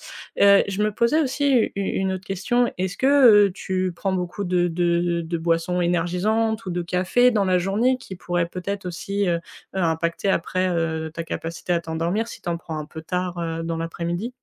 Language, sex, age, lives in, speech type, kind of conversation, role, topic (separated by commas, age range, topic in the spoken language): French, female, 20-24, France, conversation, advice, advisor, Pourquoi est-ce que je n’arrive pas à m’endormir tôt malgré mon envie de mieux dormir ?